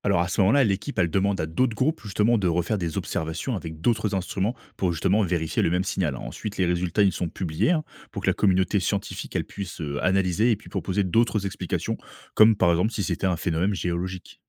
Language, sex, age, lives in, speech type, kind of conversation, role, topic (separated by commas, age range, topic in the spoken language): French, male, 30-34, France, read, expert-assistant, expert, Pour en savoir plus sur la vie dans l’Univers, vaut-il mieux chercher des signaux radio ou observer des planètes lointaines ?